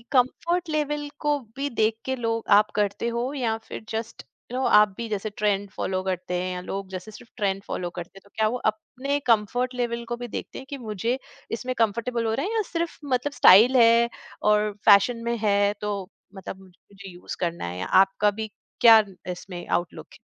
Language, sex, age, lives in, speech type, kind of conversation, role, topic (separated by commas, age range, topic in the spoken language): Hindi, female, 45-49, India, conversation, podcast, host, Social media trends tumhare style decisions ko kitna influence karte hain?